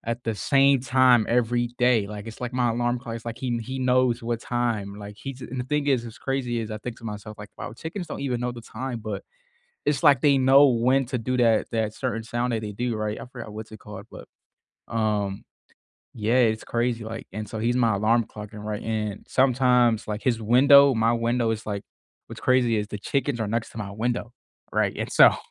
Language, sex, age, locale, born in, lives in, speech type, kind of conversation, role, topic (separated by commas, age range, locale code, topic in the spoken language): English, male, 20-24, en-US, United States, United States, conversation, unstructured, none, What nearby place do you go to for a quick escape, and what memories or routines make it special to you?